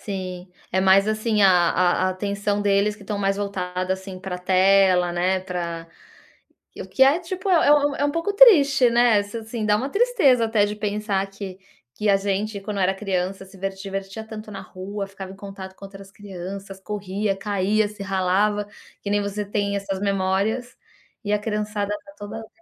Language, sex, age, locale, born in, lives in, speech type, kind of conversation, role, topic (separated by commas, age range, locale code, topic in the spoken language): Portuguese, female, 40-44, pt-BR, Brazil, United States, conversation, podcast, host, De qual brincadeira de rua você mais gostava?